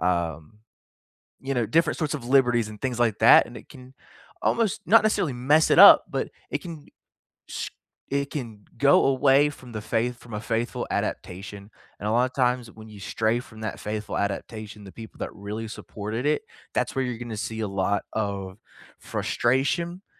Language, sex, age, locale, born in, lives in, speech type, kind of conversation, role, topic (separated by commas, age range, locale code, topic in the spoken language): English, male, 25-29, en-US, United States, United States, conversation, unstructured, none, Which hidden gem films would you recommend to everyone?